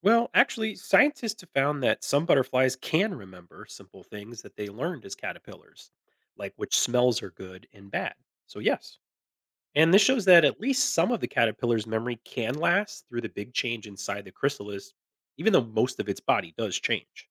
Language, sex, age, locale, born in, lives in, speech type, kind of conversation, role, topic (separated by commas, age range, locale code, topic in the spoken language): English, male, 40-44, en-US, United States, United States, read, expert-assistant, expert, How do insects grow and transform throughout their lives?